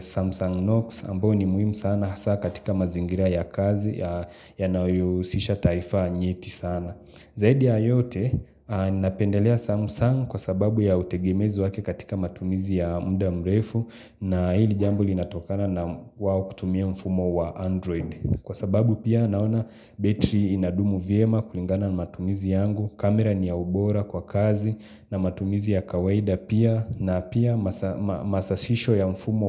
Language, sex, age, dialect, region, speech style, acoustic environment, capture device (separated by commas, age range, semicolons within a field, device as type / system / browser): Swahili, male, 25-34, Kenya - Mombasa, Kenya, focused, nature, mobile / Linux / Chrome